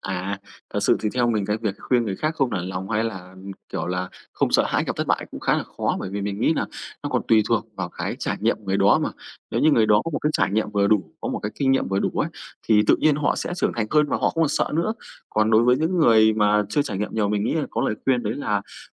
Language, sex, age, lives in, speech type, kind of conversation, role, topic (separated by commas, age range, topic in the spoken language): Vietnamese, male, 25-29, Vietnam, conversation, unstructured, none, Bạn nghĩ gì về việc học hỏi từ những thất bại trong cuộc sống?